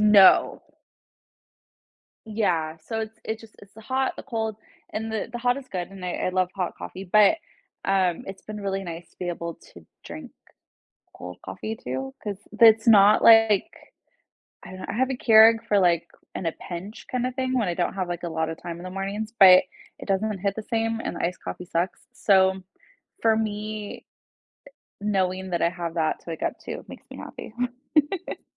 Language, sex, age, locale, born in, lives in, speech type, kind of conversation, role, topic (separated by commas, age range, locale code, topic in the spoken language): English, female, 30-34, en-US, United States, United States, conversation, unstructured, none, How do small moments of happiness shape your everyday life?